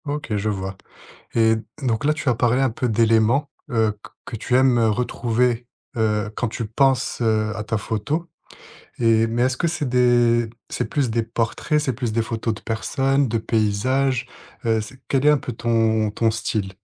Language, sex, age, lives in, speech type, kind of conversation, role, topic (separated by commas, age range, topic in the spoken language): French, male, 25-29, France, conversation, podcast, host, Comment trouves-tu ta voix artistique ?